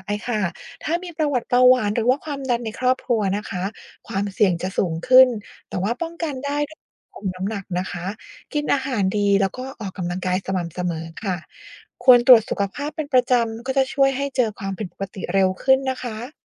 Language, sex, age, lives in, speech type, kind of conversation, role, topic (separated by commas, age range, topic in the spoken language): Thai, female, 40-44, United States, read, expert-assistant, expert, ทำไมบางโรคถึงรักษายากหรือหายขาดไม่ได้